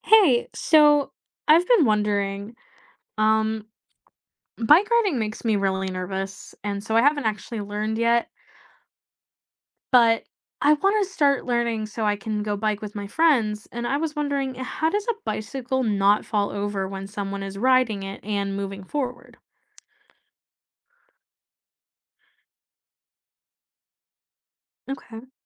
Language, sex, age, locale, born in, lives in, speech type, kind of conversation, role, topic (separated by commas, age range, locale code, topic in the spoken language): English, female, 25-29, en-US, United States, United States, read, expert-assistant, asker, How does a bicycle stay balanced when moving?